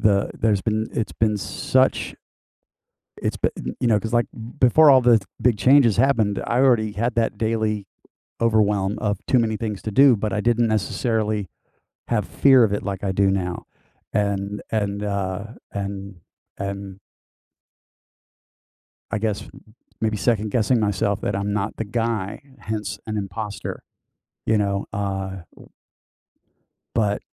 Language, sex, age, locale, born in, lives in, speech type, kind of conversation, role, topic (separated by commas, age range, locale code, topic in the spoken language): English, male, 55-59, en-US, United States, United States, conversation, advice, user, How can I manage feeling overwhelmed by daily responsibilities?